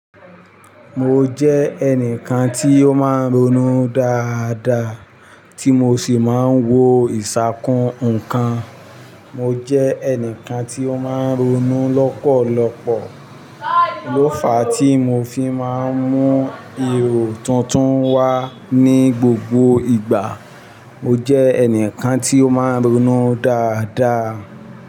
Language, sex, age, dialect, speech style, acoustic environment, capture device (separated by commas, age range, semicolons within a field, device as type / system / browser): Yoruba, male, 45-54, Nigeria - Ibadan, happy, traffic, mobile / Linux / Chrome